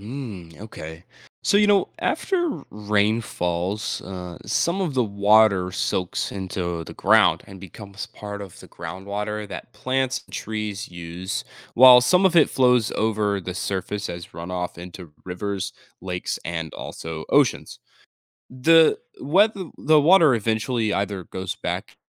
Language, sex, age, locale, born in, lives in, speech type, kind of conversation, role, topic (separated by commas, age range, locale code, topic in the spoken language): English, male, 18-19, en-US, United States, United States, read, expert-assistant, expert, What is the water cycle and how does it work?